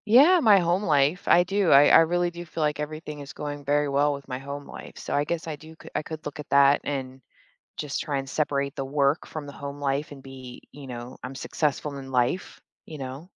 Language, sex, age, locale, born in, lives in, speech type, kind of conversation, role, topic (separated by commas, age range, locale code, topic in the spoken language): English, female, 35-39, en-US, Germany, United States, conversation, advice, user, How can I stop feeling jealous and genuinely celebrate my friend's success?